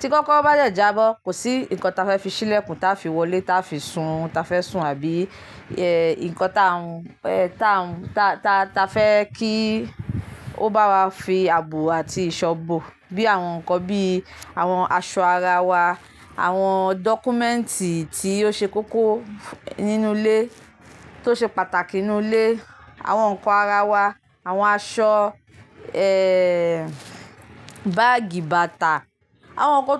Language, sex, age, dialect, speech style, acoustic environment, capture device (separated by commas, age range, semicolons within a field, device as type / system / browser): Yoruba, female, 25-34, Nigeria - Lagos Yoruba, happy, nature, mobile / Linux / Chrome